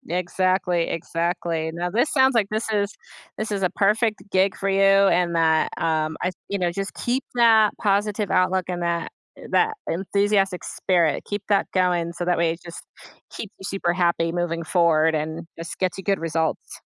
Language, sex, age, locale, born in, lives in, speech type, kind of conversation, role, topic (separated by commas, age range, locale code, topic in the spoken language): English, female, 35-39, en-US, United States, United States, conversation, advice, advisor, How should I celebrate my promotion?